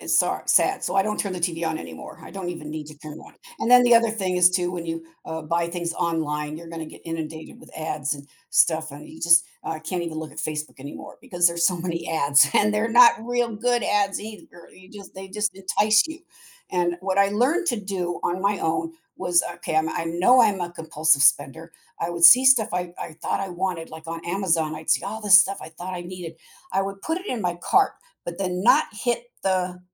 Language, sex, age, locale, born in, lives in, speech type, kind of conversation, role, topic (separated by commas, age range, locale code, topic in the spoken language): English, female, 70-74, en-US, United States, United States, conversation, podcast, guest, Why do so many people struggle with managing their finances effectively?